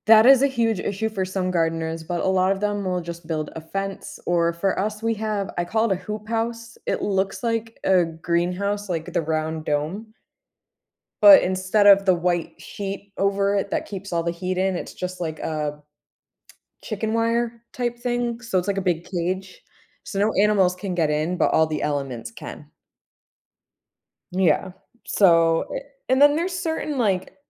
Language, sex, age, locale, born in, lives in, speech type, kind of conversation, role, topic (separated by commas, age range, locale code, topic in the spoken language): English, female, 30-34, en-US, United States, United States, conversation, podcast, guest, Why do so many people find gardening rewarding and meaningful?